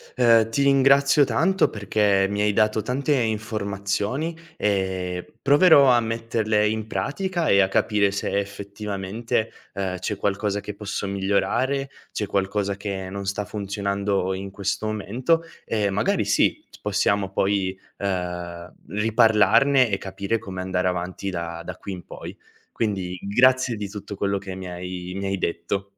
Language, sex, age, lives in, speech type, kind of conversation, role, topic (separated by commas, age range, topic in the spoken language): Italian, male, 25-29, Italy, conversation, advice, user, Come posso gestire le distrazioni dai social network e dalle e-mail per concentrarmi davvero sul lavoro?